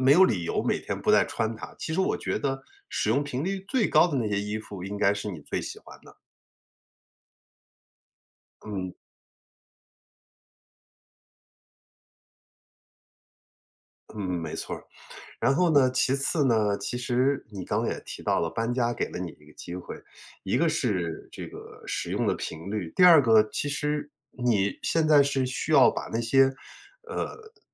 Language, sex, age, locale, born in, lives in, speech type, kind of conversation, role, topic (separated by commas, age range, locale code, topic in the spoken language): Chinese, male, 45-49, zh-CN, China, United States, conversation, advice, advisor, 我该怎么处理家里物品堆积、总是难以断舍离的问题？